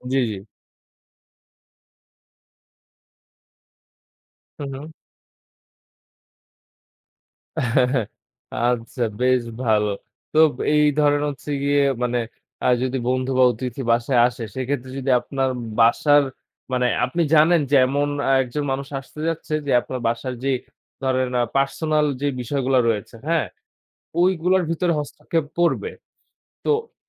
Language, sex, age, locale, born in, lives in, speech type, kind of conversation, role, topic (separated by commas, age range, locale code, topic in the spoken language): Bengali, male, 20-24, bn-BD, Bangladesh, Bangladesh, conversation, podcast, host, বন্ধু বা অতিথি এলে তুমি কীভাবে প্রস্তুতি নাও?